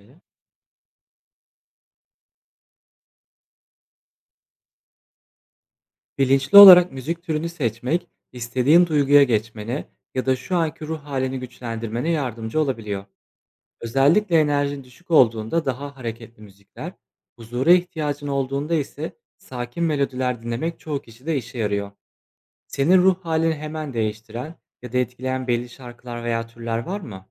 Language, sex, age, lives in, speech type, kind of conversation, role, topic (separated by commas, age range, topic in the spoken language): Turkish, male, 25-29, Poland, read, expert-assistant, expert, Müzik dinlemenin ruh hali üzerindeki etkileri nelerdir?